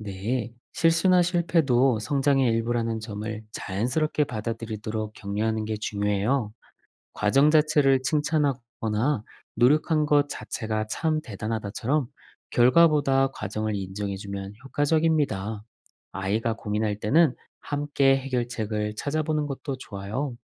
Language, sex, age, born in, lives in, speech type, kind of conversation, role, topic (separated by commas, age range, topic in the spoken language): Korean, male, 40-44, South Korea, Germany, read, expert-assistant, expert, 어린아이가 자전거를 배울 때와 우리가 새로운 것을 배울 때의 공통점을 예를 들어 설명해 줄 수 있나요?